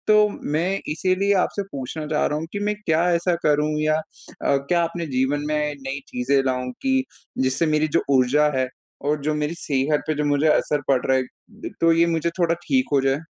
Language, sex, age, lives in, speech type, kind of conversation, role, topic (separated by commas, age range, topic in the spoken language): Hindi, male, 20-24, India, conversation, advice, user, अगर मेरी ऊर्जा या स्वास्थ्य में गिरावट आ रही हो, तो मुझे क्या करना चाहिए?